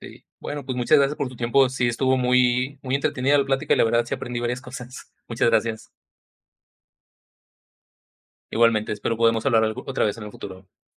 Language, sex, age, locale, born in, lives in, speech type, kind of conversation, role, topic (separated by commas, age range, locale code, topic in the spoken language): Spanish, male, 35-39, es-MX, Mexico, Mexico, conversation, podcast, host, ¿Cómo manejas la ansiedad antes de un examen?